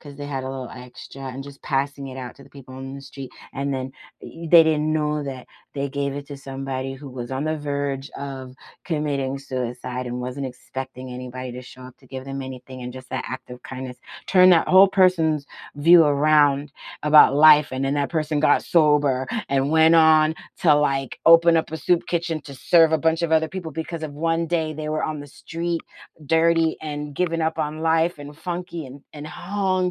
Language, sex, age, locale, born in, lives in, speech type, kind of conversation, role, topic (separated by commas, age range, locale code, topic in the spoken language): English, female, 45-49, en-US, United States, United States, conversation, unstructured, none, What are the benefits of volunteering locally?